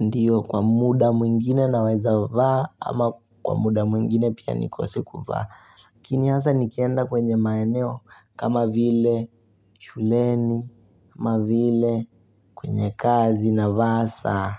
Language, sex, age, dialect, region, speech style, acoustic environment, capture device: Swahili, male, 18-24, Kenya - Mombasa, Kenya, relaxed, silence, mobile / Linux / Chrome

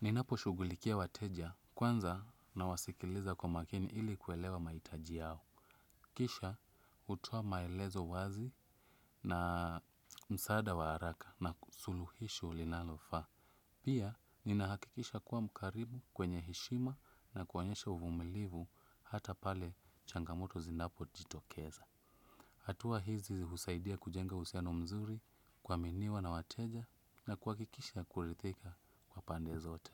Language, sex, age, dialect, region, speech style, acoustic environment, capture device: Swahili, male, 25-34, Kenya - Nairobi Sheng-influenced, Kenya, relaxed, silence, desktop / Windows / Chrome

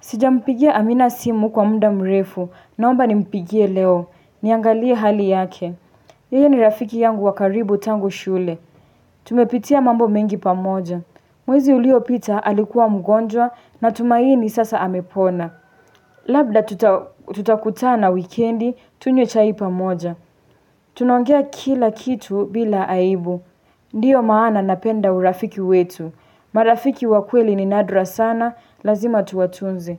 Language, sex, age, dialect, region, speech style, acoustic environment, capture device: Swahili, female, 18-24, Kenya - Nairobi Sheng-influenced, Kenya, happy; relaxed, silence, desktop / Linux / Chrome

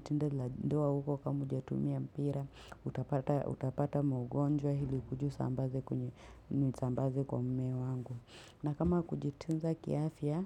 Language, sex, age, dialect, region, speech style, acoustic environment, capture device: Swahili, female, 25-34, Kenya - Nairobi Sheng-influenced, Kenya, happy, silence, mobile / Linux / Chrome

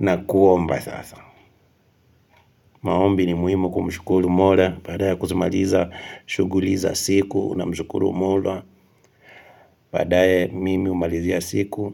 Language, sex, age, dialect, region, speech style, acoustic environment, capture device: Swahili, male, 25-34, Kenya - Kitui, Kenya, relaxed; excited, nature, mobile / Linux / Chrome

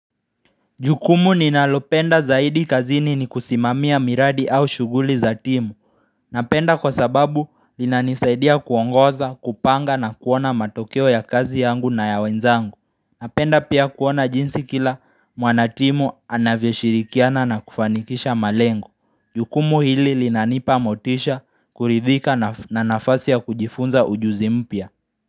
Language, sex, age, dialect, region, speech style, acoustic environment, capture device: Swahili, male, 18-24, Kenya - Nairobi Sheng-influenced, Kenya, focused; relaxed, people talking; music; silence; nature; traffic; construction; appliances, mobile / Linux / Chrome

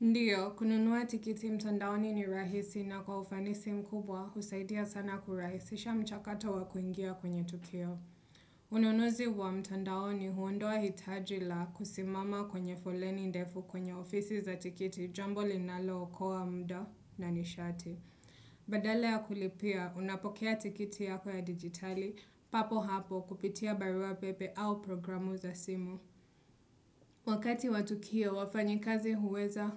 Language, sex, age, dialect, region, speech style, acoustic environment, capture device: Swahili, female, 25-34, Kenya - Mombasa, Kenya, happy; relaxed; focused, nature; appliances; silence, desktop / Windows / Chrome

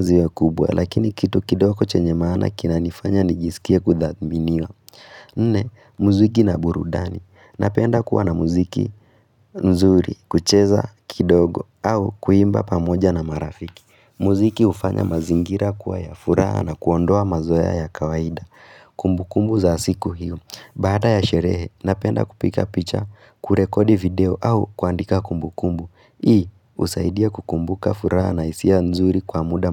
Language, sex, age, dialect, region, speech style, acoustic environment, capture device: Swahili, female, 18-24, Kenya - Nairobi Sheng-influenced, Kenya, happy; relaxed, silence, mobile / Linux / Chrome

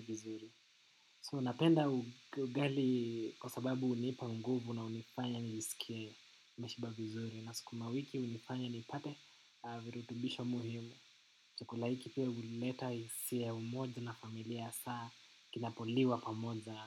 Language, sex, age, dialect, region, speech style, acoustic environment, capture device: Swahili, male, 18-24, Kenya - Nairobi Sheng-influenced, Kenya, relaxed, silence, desktop / macOS / Chrome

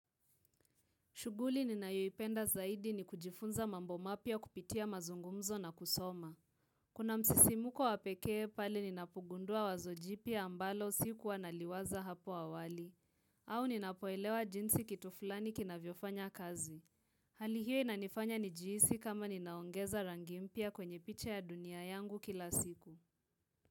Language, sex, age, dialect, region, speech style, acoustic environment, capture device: Swahili, female, 18-24, Kenya - Nairobi Sheng-influenced, Kenya, relaxed, nature, mobile / Linux / Chrome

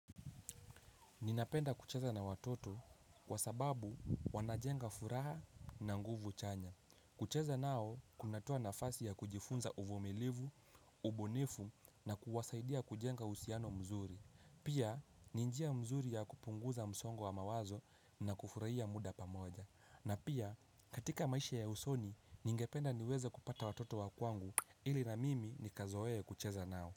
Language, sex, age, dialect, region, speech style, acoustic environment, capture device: Swahili, male, 18-24, Kenya - Mombasa, Kenya, happy; relaxed; focused, people talking; nature, mobile / Linux / Chrome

